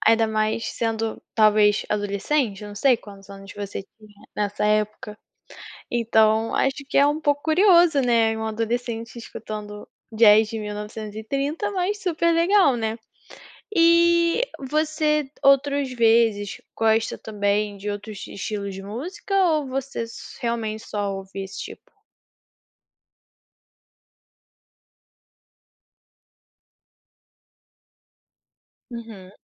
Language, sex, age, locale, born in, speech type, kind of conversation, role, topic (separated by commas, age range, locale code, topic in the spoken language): Portuguese, female, 20-24, pt-BR, Brazil, conversation, podcast, host, Como surgiu o seu gosto musical?